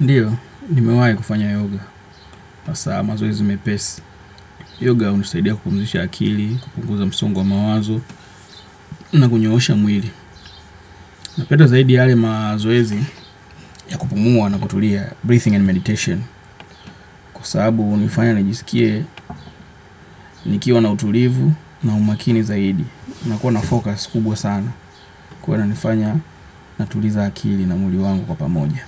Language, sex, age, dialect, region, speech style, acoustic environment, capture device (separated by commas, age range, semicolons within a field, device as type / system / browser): Swahili, male, 25-34, Kenya - Nairobi Sheng-influenced, Kenya, focused, nature, desktop / Windows / Chrome